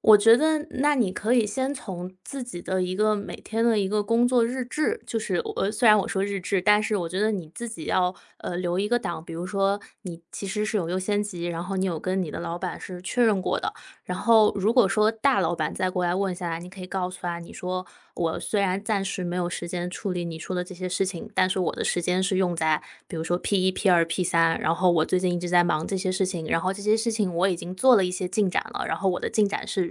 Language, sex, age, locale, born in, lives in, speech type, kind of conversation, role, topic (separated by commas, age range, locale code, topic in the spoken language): Chinese, female, 25-29, zh-CN, China, United States, conversation, advice, advisor, 面对繁杂任务时，我该如何确定优先级并决定从哪里开始？